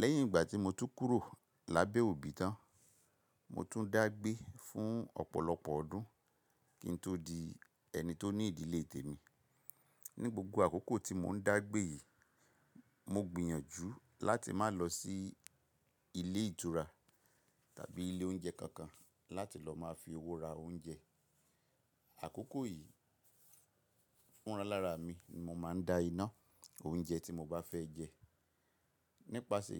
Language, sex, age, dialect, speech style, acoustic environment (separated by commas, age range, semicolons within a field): Yoruba, male, 45-54, Nigeria - Ibadan, relaxed, silence